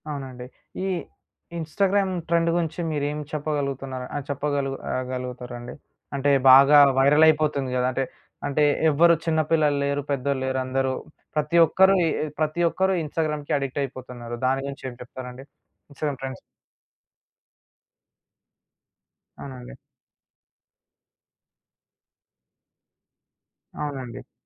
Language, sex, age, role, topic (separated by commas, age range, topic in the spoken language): Telugu, male, 20-24, host, ఇంటర్నెట్‌లో కొత్త ట్రెండ్ వస్తే మీ అభిరుచులపై అది ఎలా ప్రభావం చూపుతుంది?